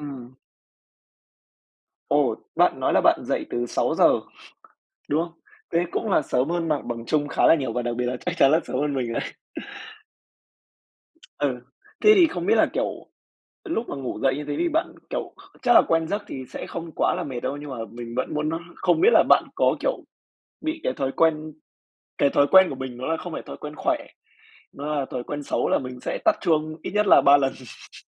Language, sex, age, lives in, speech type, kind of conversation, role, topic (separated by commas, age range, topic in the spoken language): Vietnamese, male, 20-24, Vietnam, conversation, podcast, host, Thói quen buổi sáng nào giúp bạn khỏe mạnh nhất?